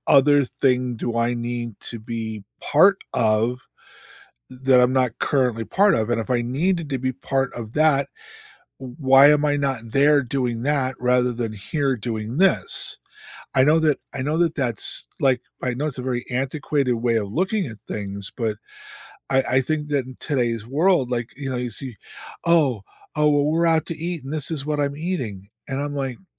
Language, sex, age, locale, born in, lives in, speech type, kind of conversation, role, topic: English, male, 65-69, en-US, United States, United States, conversation, unstructured, none, How do you manage notifications and screen time without feeling like you're missing out?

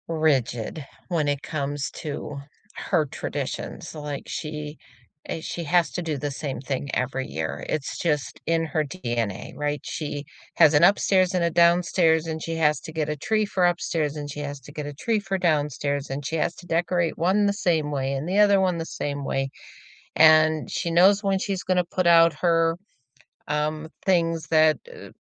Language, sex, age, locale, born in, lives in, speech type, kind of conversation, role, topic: English, female, 65-69, en-US, United States, United States, conversation, unstructured, none, Which old tradition have you adapted for today, and how does it bring you closer?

